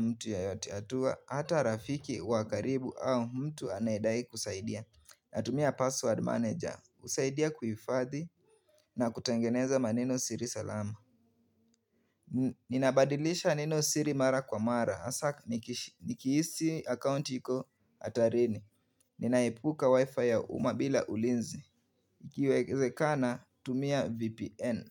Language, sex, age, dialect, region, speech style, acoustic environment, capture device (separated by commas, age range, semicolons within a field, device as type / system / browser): Swahili, male, 18-24, Kenya - Nairobi Sheng-influenced, Kenya, relaxed, silence, mobile / Linux / Chrome